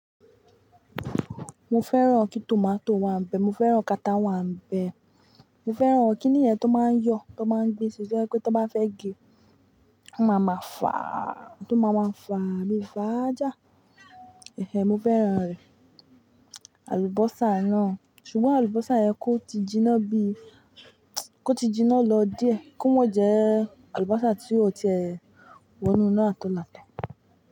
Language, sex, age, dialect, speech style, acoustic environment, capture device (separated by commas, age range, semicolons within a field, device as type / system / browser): Yoruba, female, 25-34, Nigeria - Ibadan, happy, silence, mobile / Linux / Chrome